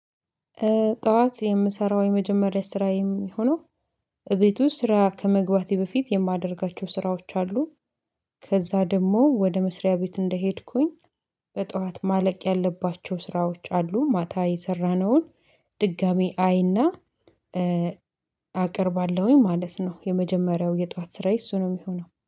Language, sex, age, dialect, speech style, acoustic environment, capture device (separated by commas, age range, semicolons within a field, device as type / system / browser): Amharic, female, 25-34, Ethiopia - Addis Ababa, focused; happy, silence, mobile / Linux / Chrome